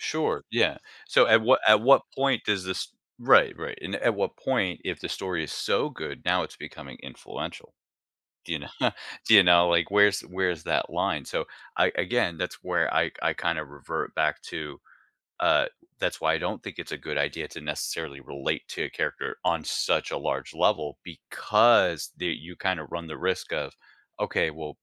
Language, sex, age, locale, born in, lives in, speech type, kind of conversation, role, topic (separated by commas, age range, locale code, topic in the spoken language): English, male, 40-44, en-US, United States, United States, conversation, podcast, guest, What makes a story memorable and engaging across different types of media?